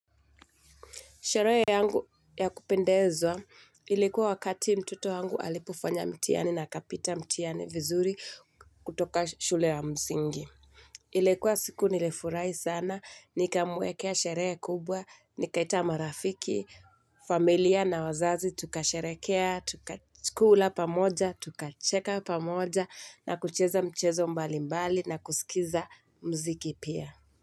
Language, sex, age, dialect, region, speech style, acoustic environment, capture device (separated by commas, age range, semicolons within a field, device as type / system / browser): Swahili, female, 25-34, Kenya - Mombasa, Kenya, anxious, nature, mobile / Linux / Chrome